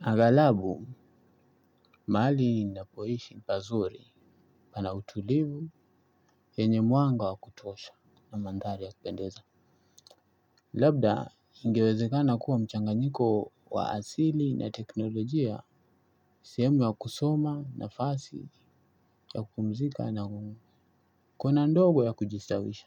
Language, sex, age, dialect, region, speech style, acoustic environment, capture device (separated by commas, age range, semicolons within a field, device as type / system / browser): Swahili, male, 25-34, Kenya - Mombasa, Kenya, tired; focused, music; nature; appliances, desktop / Linux / Chrome